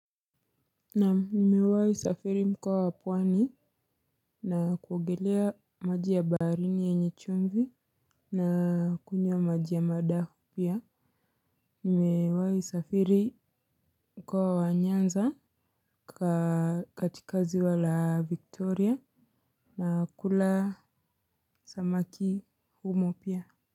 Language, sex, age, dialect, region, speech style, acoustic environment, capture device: Swahili, female, 25-34, Kenya - Mombasa, Kenya, anxious; excited, silence, mobile / Linux / Chrome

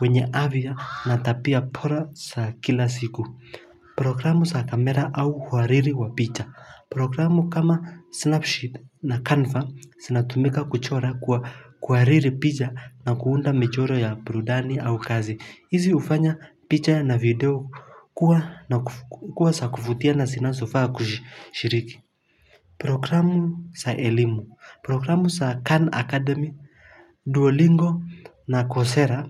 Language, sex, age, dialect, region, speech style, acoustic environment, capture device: Swahili, male, 25-34, Kenya - Mombasa, Kenya, happy, nature, mobile / Linux / Chrome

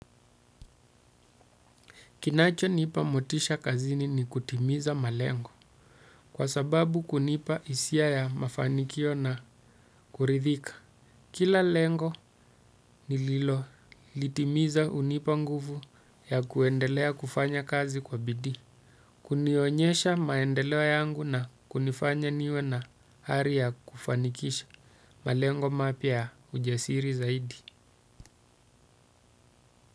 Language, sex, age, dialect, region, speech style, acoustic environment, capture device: Swahili, male, 35-44, Kenya - Mombasa, Kenya, relaxed, silence, desktop / Windows / Chrome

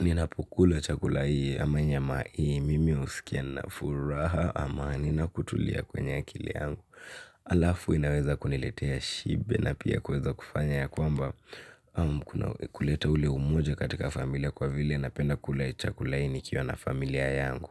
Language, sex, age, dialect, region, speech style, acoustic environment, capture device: Swahili, male, 18-24, Kenya - Nairobi Sheng-influenced, Kenya, happy; relaxed; focused; excited, silence, mobile / Linux / Chrome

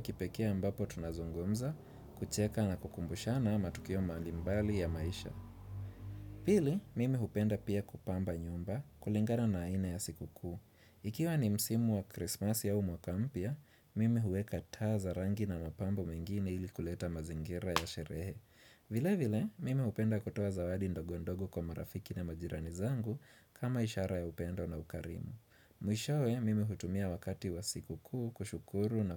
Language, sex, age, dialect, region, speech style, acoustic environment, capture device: Swahili, male, 25-34, Kenya - Nairobi Sheng-influenced, Kenya, relaxed, nature, desktop / Windows / Chrome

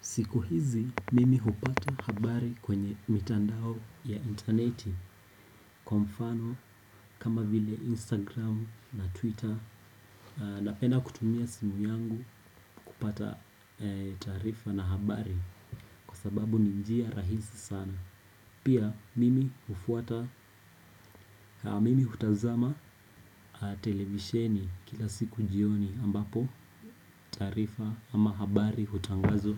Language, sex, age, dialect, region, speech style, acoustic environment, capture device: Swahili, male, 18-24, Kenya - Nairobi Sheng-influenced, Kenya, relaxed; anxious, appliances; people talking; music, desktop / Windows / Chrome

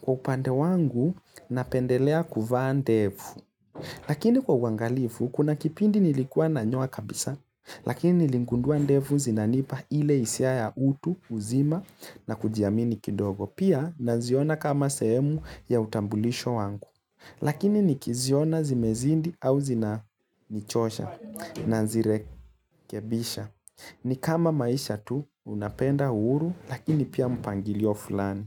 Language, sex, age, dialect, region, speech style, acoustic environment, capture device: Swahili, male, 25-34, Kenya - Nairobi Sheng-influenced, Kenya, relaxed, silence, mobile / Linux / Chrome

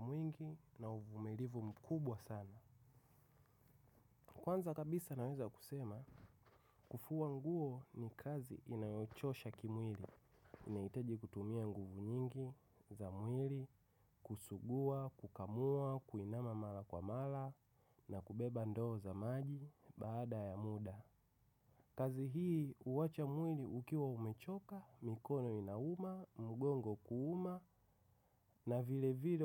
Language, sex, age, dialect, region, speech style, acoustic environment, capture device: Swahili, male, 25-34, Tanzania - Dar es Salaam, Tanzania, focused; excited; relaxed, silence; nature; appliances, mobile / macOS / Safari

